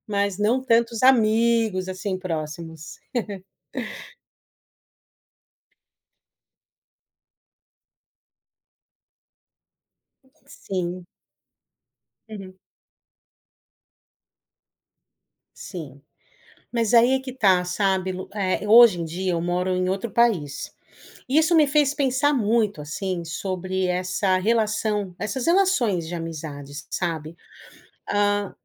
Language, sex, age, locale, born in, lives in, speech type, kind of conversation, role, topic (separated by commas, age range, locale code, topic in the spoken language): Portuguese, female, 40-44, pt-BR, Brazil, United States, conversation, podcast, guest, Como transformar contatos em amizades de verdade?